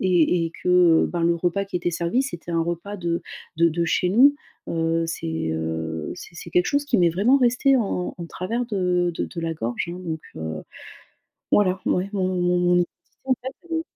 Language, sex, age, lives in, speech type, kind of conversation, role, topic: French, female, 35-39, France, conversation, advice, user, Comment puis-je concilier mon identité personnelle avec les traditions culturelles et familiales ?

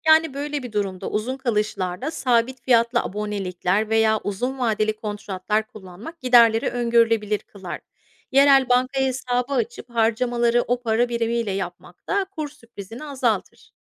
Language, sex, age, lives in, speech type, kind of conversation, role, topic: Turkish, female, 35-39, United States, read, expert-assistant, expert, Yurt dışına seyahat veya alışveriş yapmak isteyen biri, artan fiyatlar ve değişen kur oranlarıyla nasıl başa çıkabilir?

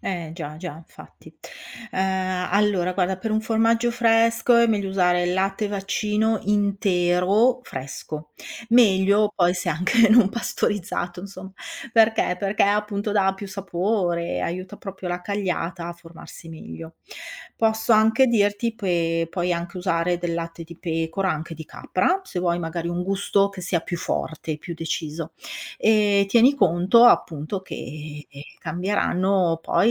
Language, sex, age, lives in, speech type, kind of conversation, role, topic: Italian, female, 55-59, Italy, read, expert-assistant, expert, Come si produce il formaggio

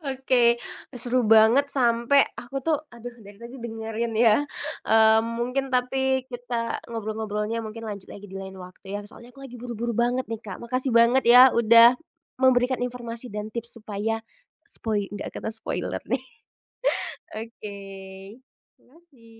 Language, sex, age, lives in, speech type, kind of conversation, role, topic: Indonesian, female, 25-29, Indonesia, conversation, podcast, host, Seberapa mengganggu spoiler bagi pengalaman menontonmu, sih?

